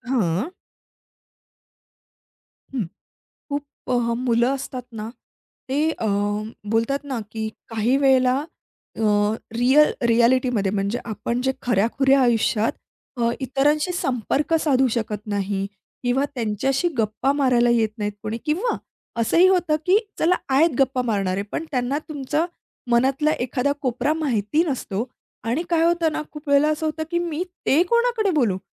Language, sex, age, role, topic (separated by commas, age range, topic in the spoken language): Marathi, female, 30-34, guest, सोशल मीडियावर तुम्ही किती प्रामाणिक राहायला प्राधान्य देता?